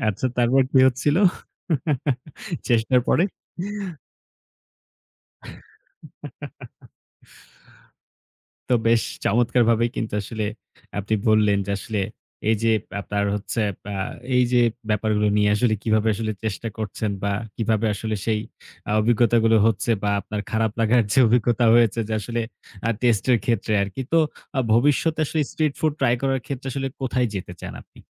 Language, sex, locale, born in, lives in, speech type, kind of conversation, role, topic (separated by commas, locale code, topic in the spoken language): Bengali, male, bn-BD, Bangladesh, Bangladesh, conversation, podcast, host, লোকাল বা রাস্তার খাবার খুঁজে নেওয়ার অভিজ্ঞতা কেমন?